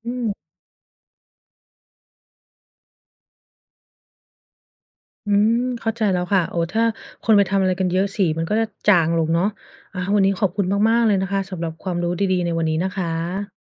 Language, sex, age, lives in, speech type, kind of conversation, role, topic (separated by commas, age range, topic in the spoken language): Thai, female, 30-34, United States, read, expert-assistant, asker, เพราะอะไรบางพื้นที่จึงมีหินทรายสีสันสวยงาม